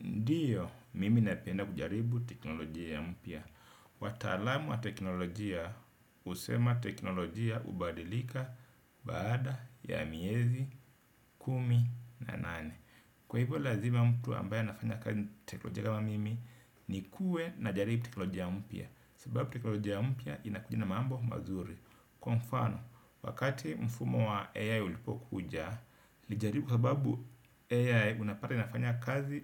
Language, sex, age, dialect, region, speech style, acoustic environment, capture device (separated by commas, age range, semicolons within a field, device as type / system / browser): Swahili, male, 35-44, Kenya - Mombasa, Kenya, happy, nature, desktop / Windows / Edge